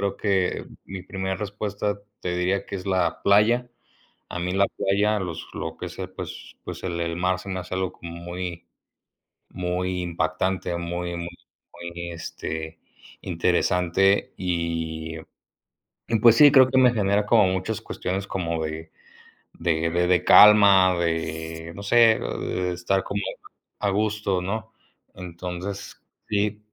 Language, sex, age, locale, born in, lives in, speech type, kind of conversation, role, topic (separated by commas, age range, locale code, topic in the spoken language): Spanish, male, 20-24, es-MX, Mexico, Mexico, conversation, unstructured, none, ¿Cuál es tu lugar natural favorito y por qué?